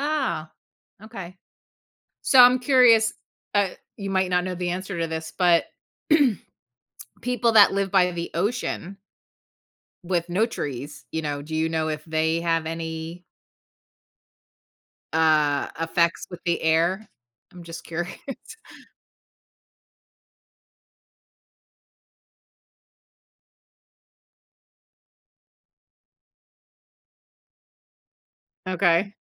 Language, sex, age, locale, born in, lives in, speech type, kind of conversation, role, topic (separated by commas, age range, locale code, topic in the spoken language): English, female, 45-49, en-US, United States, United States, conversation, podcast, host, In what ways do plants and trees contribute to a healthier environment for people?